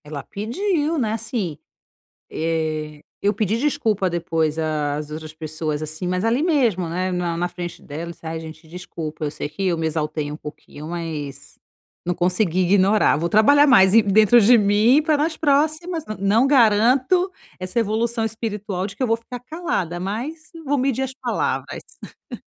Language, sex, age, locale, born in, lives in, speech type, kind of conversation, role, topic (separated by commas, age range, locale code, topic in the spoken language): Portuguese, female, 35-39, pt-BR, Brazil, Italy, conversation, advice, user, Como posso manter a calma durante um conflito?